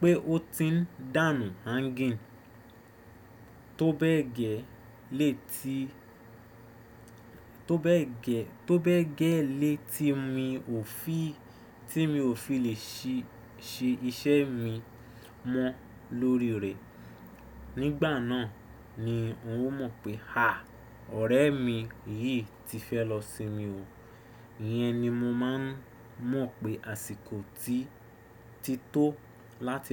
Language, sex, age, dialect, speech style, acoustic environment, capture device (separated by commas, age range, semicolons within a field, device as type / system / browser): Yoruba, male, 25-34, Nigeria - Nigerian Standard, focused, appliances, desktop / Windows / Chrome